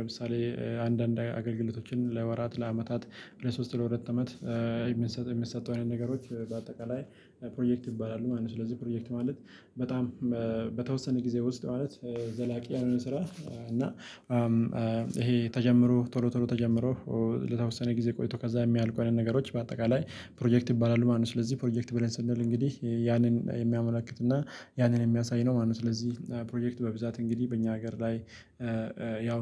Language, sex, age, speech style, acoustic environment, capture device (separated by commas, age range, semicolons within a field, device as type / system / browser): Amharic, male, 25-34, relaxed; happy; excited; focused, silence, mobile / Linux / Chrome